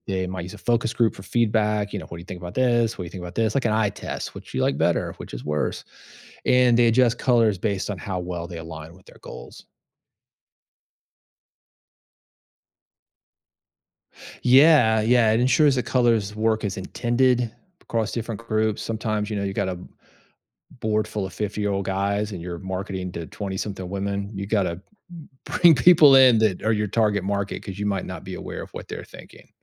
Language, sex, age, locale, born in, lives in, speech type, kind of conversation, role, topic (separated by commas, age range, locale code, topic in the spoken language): English, male, 50-54, en-US, United States, United States, read, expert-assistant, expert, What are the psychological effects of color in design?